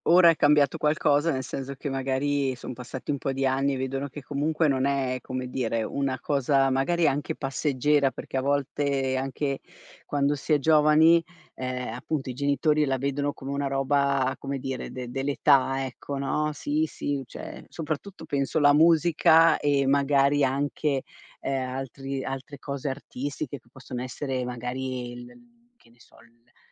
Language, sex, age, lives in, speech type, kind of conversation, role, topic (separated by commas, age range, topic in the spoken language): Italian, female, 50-54, Italy, conversation, podcast, host, Cosa consideri più importante: la passione o la stabilità?